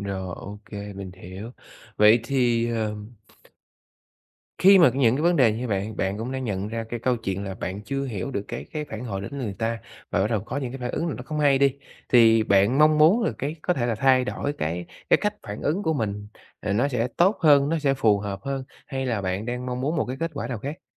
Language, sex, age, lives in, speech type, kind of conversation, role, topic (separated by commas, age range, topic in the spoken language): Vietnamese, male, 30-34, Vietnam, conversation, advice, advisor, Làm sao tôi có thể hiểu rõ phản hồi của người khác trước khi phản ứng?